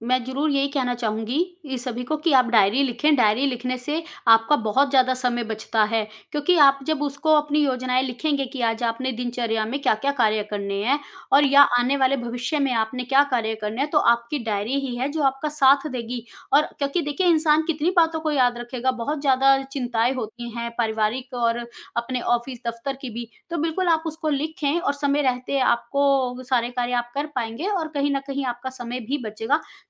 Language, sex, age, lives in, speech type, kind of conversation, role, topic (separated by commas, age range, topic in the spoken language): Hindi, female, 35-39, India, conversation, podcast, guest, किस आदत ने आपकी जिंदगी बदल दी?